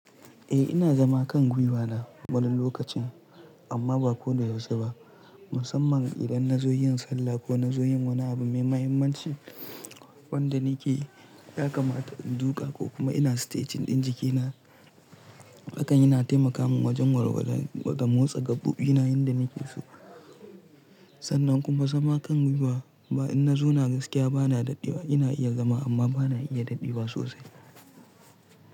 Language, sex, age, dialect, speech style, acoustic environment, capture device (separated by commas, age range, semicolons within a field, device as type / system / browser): Hausa, male, 18-24, Nigeria - Pidgin-influenced, relaxed; tired; focused; stressed, silence; nature, mobile / Linux / Chrome